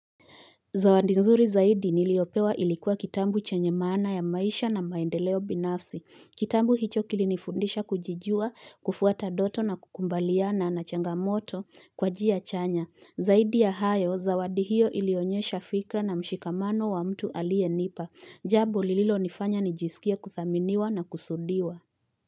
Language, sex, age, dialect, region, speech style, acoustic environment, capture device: Swahili, female, 25-34, Kenya - Nairobi Sheng-influenced, Kenya, focused, appliances, mobile / Linux / Chrome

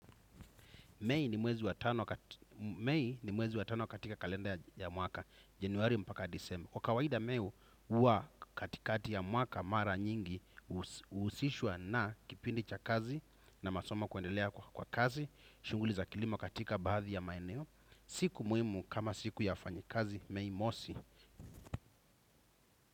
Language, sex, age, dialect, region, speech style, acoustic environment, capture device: Swahili, male, 25-34, Kenya - Mombasa, Kenya, happy, silence, desktop / Windows / Chrome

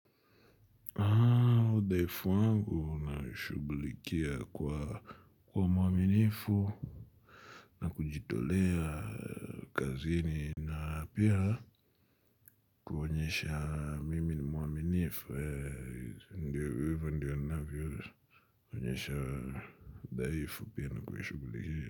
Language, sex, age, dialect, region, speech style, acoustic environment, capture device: Swahili, male, 25-34, Kenya - Nairobi Sheng-influenced, Kenya, anxious, nature, mobile / Linux / Chrome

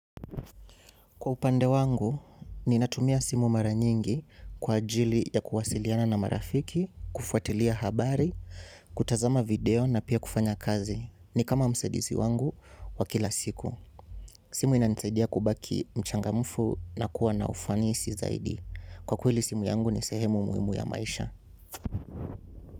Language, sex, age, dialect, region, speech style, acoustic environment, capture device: Swahili, male, 18-24, Kenya - Mombasa, Kenya, excited, silence, mobile / Linux / Chrome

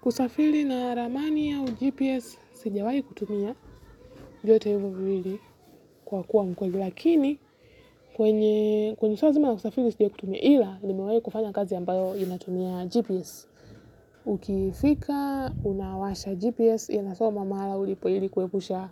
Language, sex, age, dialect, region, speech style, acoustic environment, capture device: Swahili, female, 18-24, Kenya - Nairobi Sheng-influenced, Kenya, relaxed; focused, silence; nature, mobile / Linux / Chrome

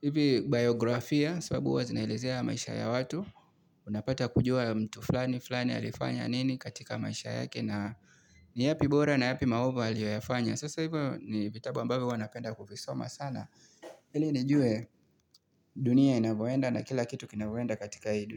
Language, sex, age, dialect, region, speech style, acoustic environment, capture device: Swahili, male, 25-34, Kenya - Mombasa, Kenya, relaxed, nature, mobile / Linux / Chrome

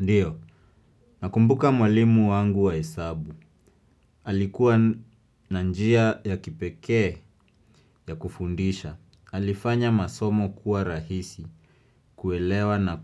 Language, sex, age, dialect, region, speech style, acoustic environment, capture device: Swahili, male, 25-34, Kenya - Nairobi Sheng-influenced, Kenya, relaxed, music, desktop / Windows / Chrome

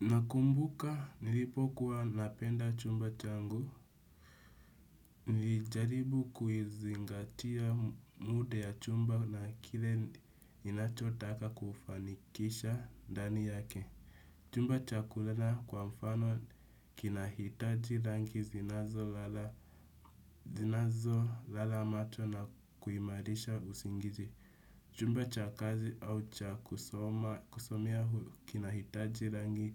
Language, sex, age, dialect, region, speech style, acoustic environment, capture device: Swahili, male, 18-24, Kenya - Nairobi Sheng-influenced, Kenya, relaxed, nature, desktop / Windows / Chrome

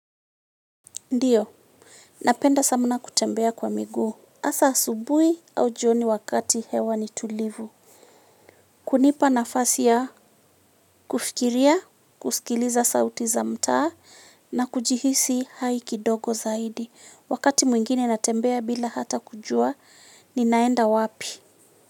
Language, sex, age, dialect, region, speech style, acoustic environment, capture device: Swahili, female, 25-34, Kenya - Nairobi Sheng-influenced, Kenya, happy, silence, mobile / Linux / Chrome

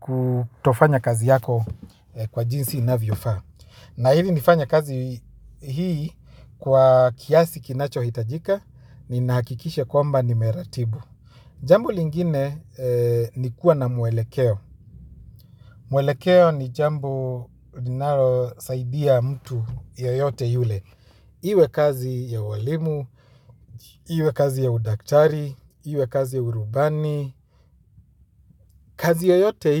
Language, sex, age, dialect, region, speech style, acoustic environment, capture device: Swahili, male, 25-34, Kenya - Nairobi Sheng-influenced, Kenya, anxious; happy, silence, mobile / Linux / Chrome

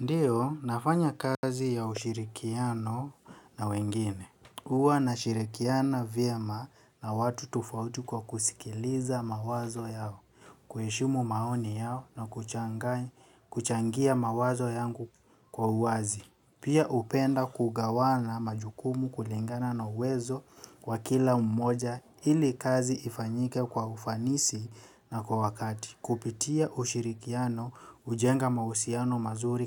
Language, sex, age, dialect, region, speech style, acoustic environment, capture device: Swahili, male, 18-24, Kenya - Nairobi Sheng-influenced, Kenya, relaxed, silence, mobile / Linux / Chrome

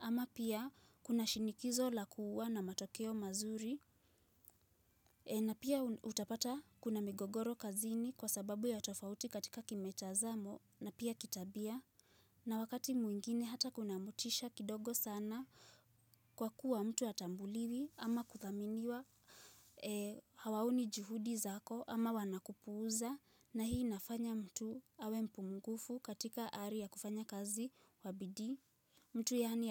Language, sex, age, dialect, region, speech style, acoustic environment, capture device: Swahili, female, 18-24, Kenya - Nairobi Sheng-influenced, Kenya, relaxed, silence, mobile / Linux / Chrome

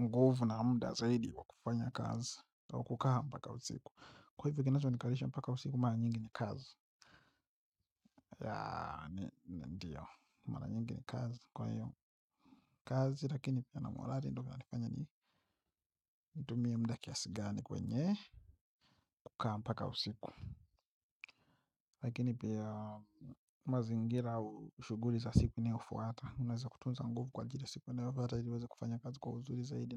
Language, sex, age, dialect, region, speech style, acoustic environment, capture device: Swahili, male, 25-34, Tanzania - Dar es Salaam, Tanzania, relaxed; focused; happy, nature, mobile / Linux / Chrome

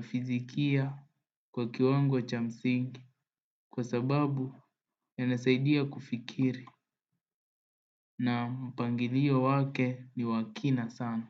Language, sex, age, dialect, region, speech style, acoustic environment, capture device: Swahili, male, 18-24, Kenya - Nairobi Sheng-influenced, Kenya, focused, appliances, desktop / Windows / Edge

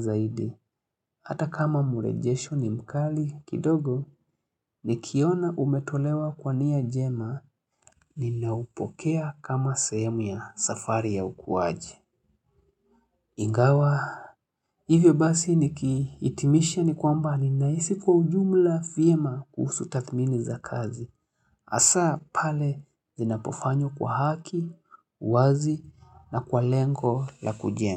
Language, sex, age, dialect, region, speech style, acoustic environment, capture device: Swahili, male, 25-34, Kenya - Nairobi Sheng-influenced, Kenya, happy; relaxed; focused, silence, mobile / Linux / Chrome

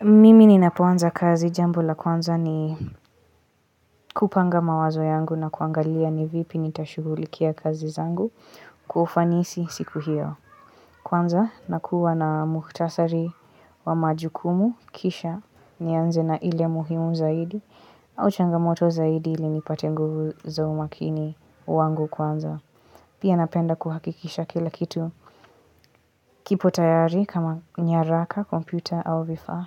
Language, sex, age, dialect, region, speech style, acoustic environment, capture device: Swahili, female, 18-24, Kenya - Mombasa, Kenya, focused, silence, mobile / Linux / Chrome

